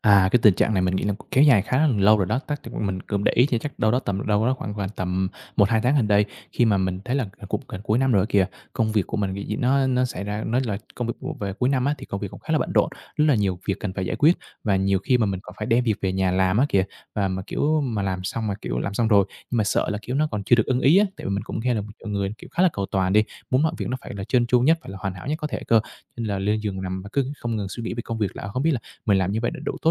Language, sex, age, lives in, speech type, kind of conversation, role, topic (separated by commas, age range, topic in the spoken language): Vietnamese, male, 25-29, Vietnam, conversation, advice, user, Làm sao để dễ ngủ hơn khi bạn hay lo lắng và suy nghĩ nhiều trước khi đi ngủ?